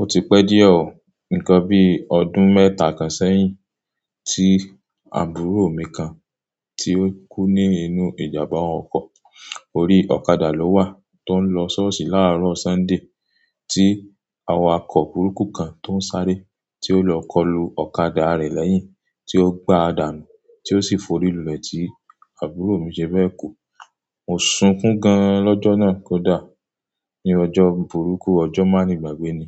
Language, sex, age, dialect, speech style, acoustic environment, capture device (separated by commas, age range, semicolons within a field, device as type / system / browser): Yoruba, male, 25-34, Nigeria - Ibadan, tired, silence, mobile / Android / Chrome